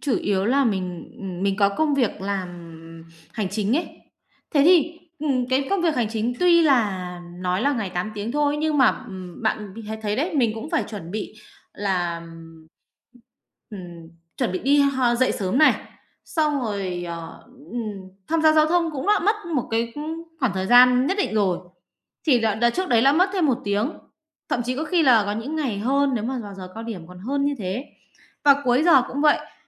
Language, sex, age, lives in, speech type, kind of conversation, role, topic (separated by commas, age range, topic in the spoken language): Vietnamese, female, 30-34, Vietnam, conversation, advice, user, Làm sao để cân bằng thời gian giữa học tập, công việc và sở thích?